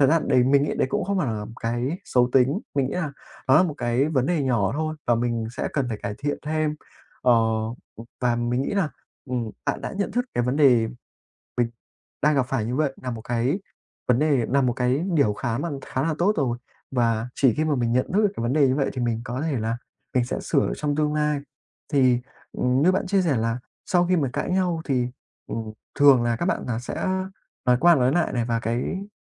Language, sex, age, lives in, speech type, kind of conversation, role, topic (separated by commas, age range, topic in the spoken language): Vietnamese, male, 20-24, Vietnam, conversation, advice, advisor, Làm sao để kiểm soát cảm xúc khi tranh cãi với người yêu để tránh làm tổn thương nhau?